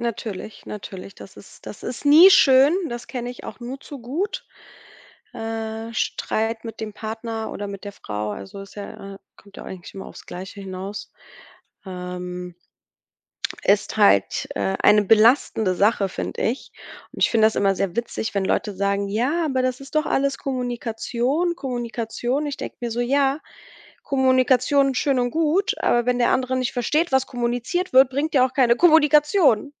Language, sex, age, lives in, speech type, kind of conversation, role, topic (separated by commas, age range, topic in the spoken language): German, female, 30-34, Germany, conversation, unstructured, none, Welche Rolle spielt Kommunikation in deiner Beziehung?